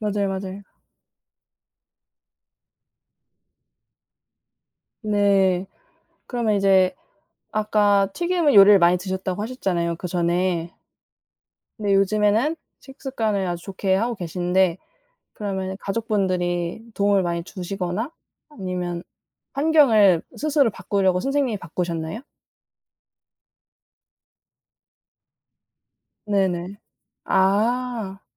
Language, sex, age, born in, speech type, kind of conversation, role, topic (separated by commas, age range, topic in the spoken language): Korean, female, 20-24, South Korea, conversation, unstructured, none, 건강을 위해 가장 필요한 습관은 무엇이라고 생각하나요?